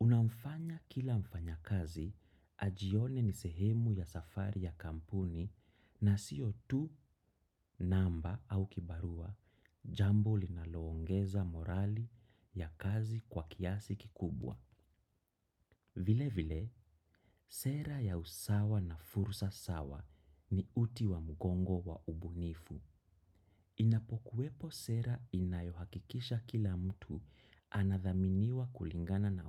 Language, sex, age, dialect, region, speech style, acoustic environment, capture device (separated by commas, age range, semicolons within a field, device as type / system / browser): Swahili, male, 25-34, Kenya - Nairobi Sheng-influenced, Kenya, excited; focused; nervous, silence, desktop / macOS / Chrome